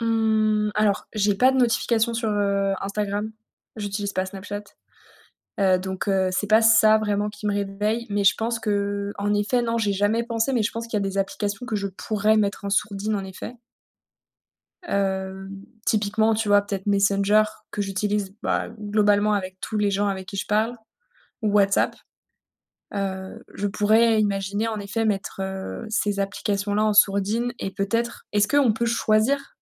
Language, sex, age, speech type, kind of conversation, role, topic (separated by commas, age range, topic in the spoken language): French, female, 30-34, conversation, advice, user, Comment arrêter les notifications et les interruptions nocturnes pour mieux dormir ?